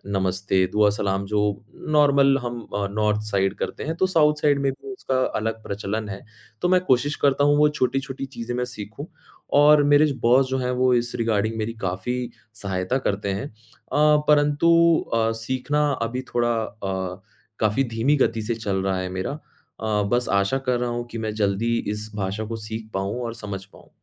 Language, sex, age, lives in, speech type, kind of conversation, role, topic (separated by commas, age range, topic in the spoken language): Hindi, male, 25-29, India, conversation, advice, user, भाषा न समझ आने पर रोजमर्रा की परेशानी कैसी होती है?